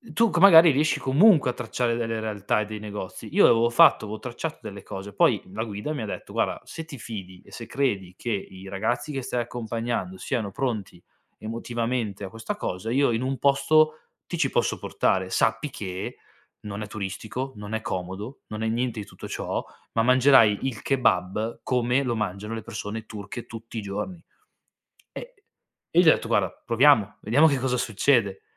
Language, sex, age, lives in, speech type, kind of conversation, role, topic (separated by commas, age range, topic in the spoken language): Italian, male, 25-29, Italy, conversation, podcast, guest, Com’è scoprire luoghi nascosti lontano dalle guide turistiche?